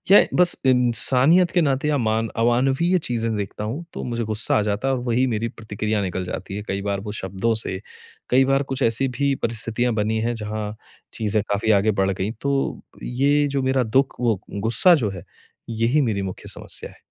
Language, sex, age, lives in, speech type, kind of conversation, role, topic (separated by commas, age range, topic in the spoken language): Hindi, male, 30-34, India, conversation, advice, user, ब्रेकअप के बाद मैं दुःख, क्रोध और पछतावे को कैसे संभालूँ?